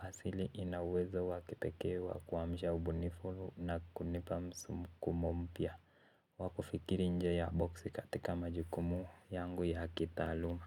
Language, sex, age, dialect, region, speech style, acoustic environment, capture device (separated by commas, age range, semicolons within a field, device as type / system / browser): Swahili, male, 18-24, Kenya - Nairobi Sheng-influenced, Kenya, relaxed, silence, mobile / Linux / Chrome